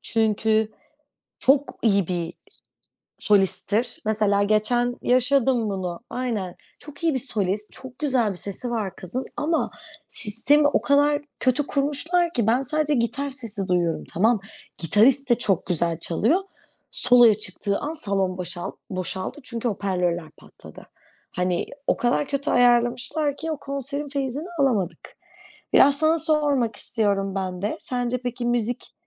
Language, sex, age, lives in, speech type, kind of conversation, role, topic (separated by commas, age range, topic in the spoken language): Turkish, female, 25-29, Netherlands, conversation, unstructured, none, Müzik dinlemek sizi nasıl etkiler?